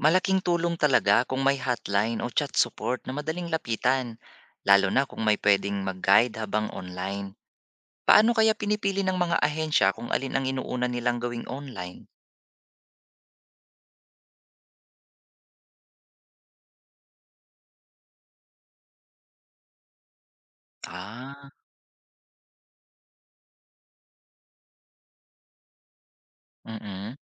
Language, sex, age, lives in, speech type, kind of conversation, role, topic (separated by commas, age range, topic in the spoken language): Filipino, male, 40-44, Philippines, read, expert-assistant, asker, Paano natin masosolusyunan ang mahahabang pila at matagal na proseso sa mga ahensya ng gobyerno?